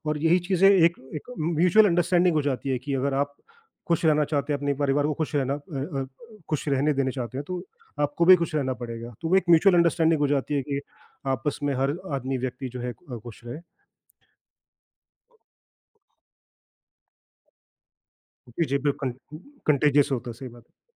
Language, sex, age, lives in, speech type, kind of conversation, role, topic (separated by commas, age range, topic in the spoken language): Hindi, male, 40-44, India, conversation, unstructured, none, खुश रहने के लिए आप कौन-कौन सी आदतें अपनाते हैं?